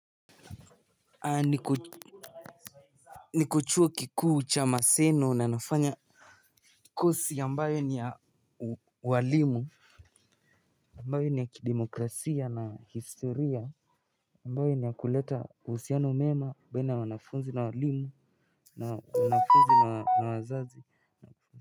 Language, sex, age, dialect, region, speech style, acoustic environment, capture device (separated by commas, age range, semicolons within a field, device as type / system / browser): Swahili, male, 18-24, Kenya - Nairobi Sheng-influenced, Kenya, relaxed, music, mobile / Linux / Chrome